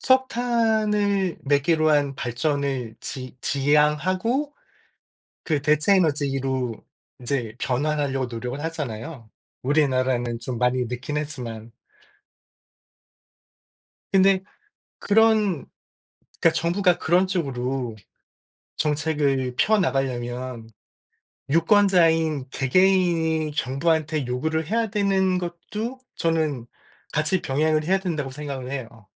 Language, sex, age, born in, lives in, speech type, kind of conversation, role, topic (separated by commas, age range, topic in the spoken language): Korean, male, 45-49, South Korea, United States, conversation, unstructured, none, 환경오염 문제에 대해 어떻게 생각하세요?